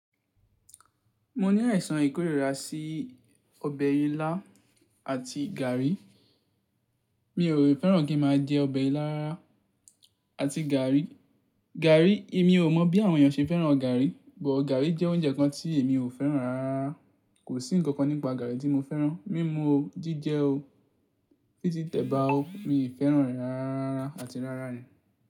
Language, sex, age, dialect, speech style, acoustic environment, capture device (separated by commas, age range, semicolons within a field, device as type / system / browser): Yoruba, male, 18-24, Nigeria - Ibadan, focused; nervous, silence, mobile / Linux / Chrome